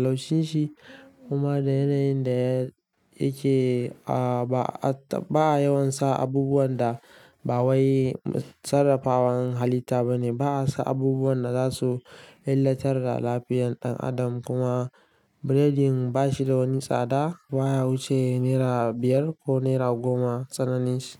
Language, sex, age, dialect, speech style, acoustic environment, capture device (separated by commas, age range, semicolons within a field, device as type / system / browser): Hausa, male, 18-24, Nigeria - Kano, relaxed, silence; nature, mobile / Linux / Chrome